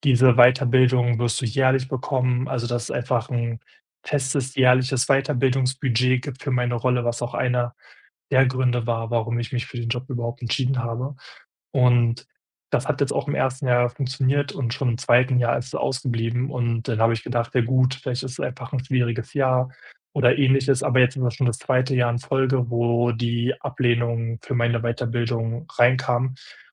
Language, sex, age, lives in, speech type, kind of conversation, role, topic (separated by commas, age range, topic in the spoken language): German, male, 25-29, Germany, conversation, advice, user, Was kann ich tun, wenn mein Studienplatz oder meine Weiterbildung abgelehnt wurde?